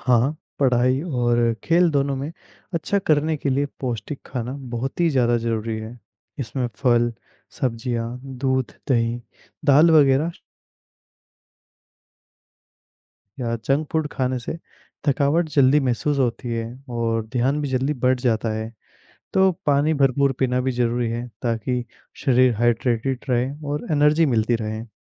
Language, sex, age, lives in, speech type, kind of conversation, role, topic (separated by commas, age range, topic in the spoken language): Hindi, male, 20-24, India, read, expert-assistant, expert, पढ़ाई और खेल में संतुलन बनाए रखने के लिए छात्रों को क्या करना चाहिए?